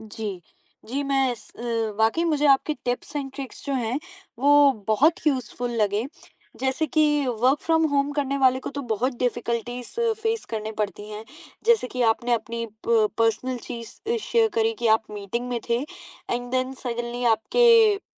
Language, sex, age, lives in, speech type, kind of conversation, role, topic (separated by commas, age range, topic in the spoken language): Hindi, female, 20-24, India, conversation, podcast, host, Work from home mein boundaries set karne ka sabse effective tareeka kya hai?